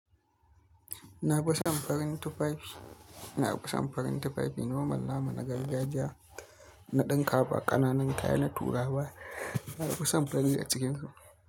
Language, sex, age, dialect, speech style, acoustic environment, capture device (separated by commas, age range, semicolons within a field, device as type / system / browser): Hausa, male, 25-34, Nigeria - Kano, anxious; excited; nervous, appliances, mobile / Linux / Chrome